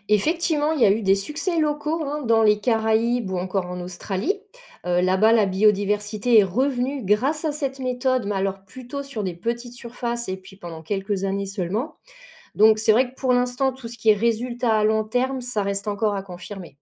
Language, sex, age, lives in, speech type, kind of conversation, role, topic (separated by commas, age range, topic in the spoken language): French, female, 40-44, France, read, expert-assistant, expert, Comment pourrais-on mélanger des idées venues de la nature et de la technologie pour mieux protéger les océans ?